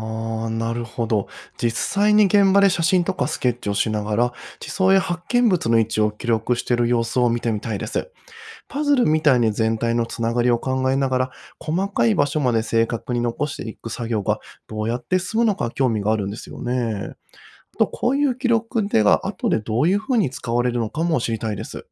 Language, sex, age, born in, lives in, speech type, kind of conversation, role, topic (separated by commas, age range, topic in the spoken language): Japanese, male, 30-34, Japan, Japan, read, expert-assistant, asker, 普段歩いている街の地下には、どんな地質の秘密やストーリーが隠れていると思いますか？